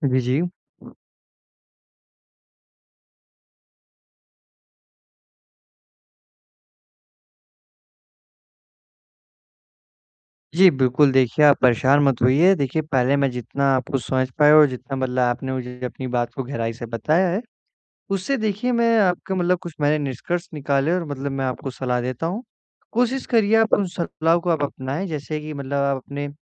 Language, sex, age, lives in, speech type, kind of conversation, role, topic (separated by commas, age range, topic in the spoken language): Hindi, male, 18-19, India, conversation, advice, advisor, आप लंबी और छोटी अवधि के लक्ष्यों का संतुलन कैसे बना सकते हैं?